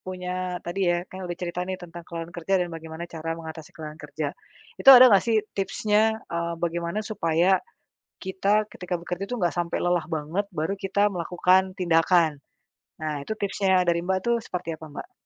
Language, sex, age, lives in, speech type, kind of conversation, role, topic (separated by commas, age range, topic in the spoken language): Indonesian, female, 35-39, Indonesia, conversation, podcast, host, Apa saja tanda-tanda awal seseorang mulai mengalami kelelahan kerja?